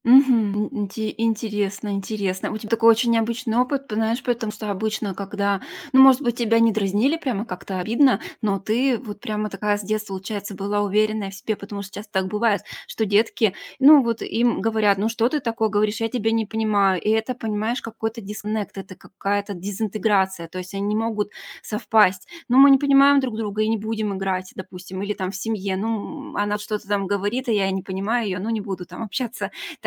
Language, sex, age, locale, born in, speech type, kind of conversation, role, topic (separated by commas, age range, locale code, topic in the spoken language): Russian, female, 40-44, ru-RU, Russia, conversation, podcast, host, Как язык, на котором вы говорите дома, повлиял на вас?